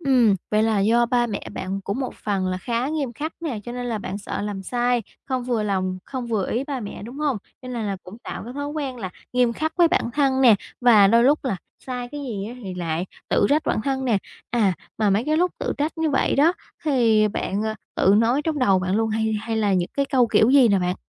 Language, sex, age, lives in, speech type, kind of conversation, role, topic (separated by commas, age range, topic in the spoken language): Vietnamese, female, 20-24, Vietnam, conversation, advice, advisor, Bạn thường tự chỉ trích bản thân liên tục trong những tình huống nào và điều đó ảnh hưởng đến bạn ra sao?